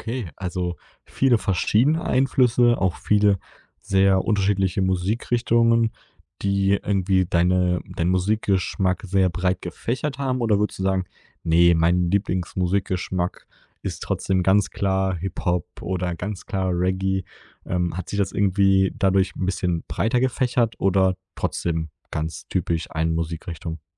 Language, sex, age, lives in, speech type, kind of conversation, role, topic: German, male, 20-24, Germany, conversation, podcast, host, Wie hat deine Herkunft deinen Musikgeschmack geprägt?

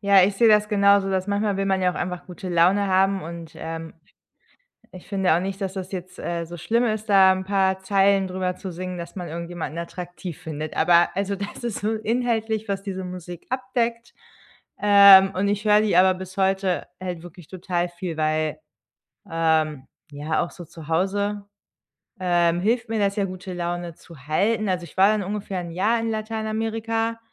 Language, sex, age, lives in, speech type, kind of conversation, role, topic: German, female, 30-34, Germany, conversation, podcast, guest, Wie prägen Reisen deinen Musikgeschmack?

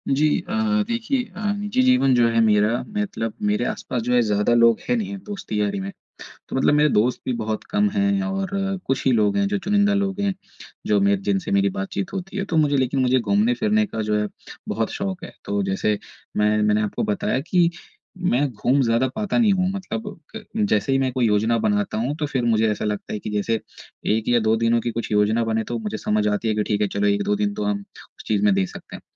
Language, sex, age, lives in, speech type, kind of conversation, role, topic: Hindi, male, 30-34, India, conversation, advice, user, मुझे करियर और निजी जीवन में संतुलन कैसे बनाना चाहिए?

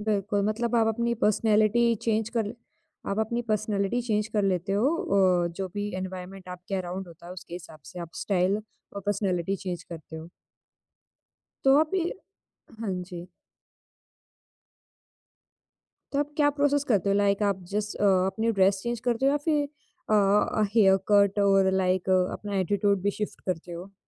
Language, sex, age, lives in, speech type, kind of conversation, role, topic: Hindi, female, 20-24, India, conversation, podcast, host, Kya kabhi aisa koi pal aaya hai jab tumne apna स्टाइल बदलने का फैसला किया हो?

